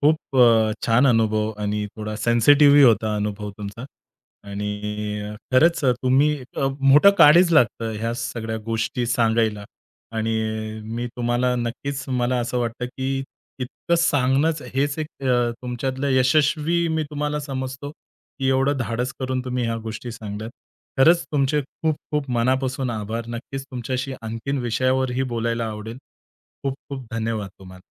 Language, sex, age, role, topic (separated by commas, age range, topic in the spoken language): Marathi, male, 30-34, host, तुमचं पहिलं मोठं अपयश कोणतं होतं आणि त्यातून तुम्ही काय शिकलात?